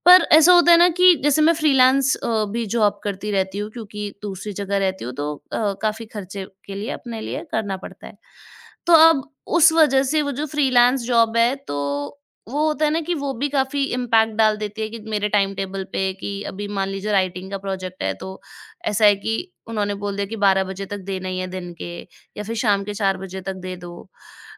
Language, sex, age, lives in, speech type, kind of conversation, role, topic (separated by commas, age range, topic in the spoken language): Hindi, female, 25-29, India, conversation, advice, user, पढ़ाई या कौशल सीखने के लिए समय नहीं निकाल पाना